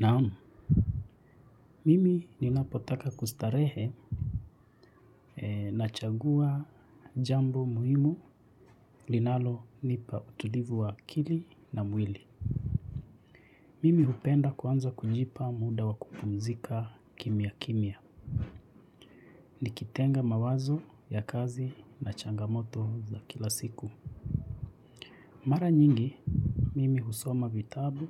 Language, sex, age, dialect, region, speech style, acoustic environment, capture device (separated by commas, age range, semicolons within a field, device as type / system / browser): Swahili, male, 25-34, Kenya - Nairobi Sheng-influenced, Kenya, relaxed; focused, people talking, mobile / Linux / Chrome